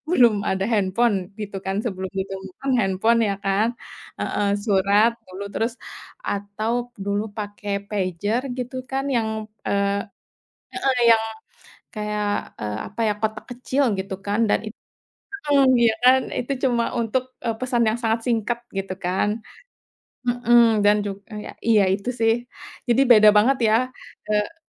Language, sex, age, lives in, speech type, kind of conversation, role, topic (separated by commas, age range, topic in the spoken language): Indonesian, female, 30-34, Indonesia, conversation, unstructured, none, Bagaimana teknologi mengubah cara kita berinteraksi secara sosial?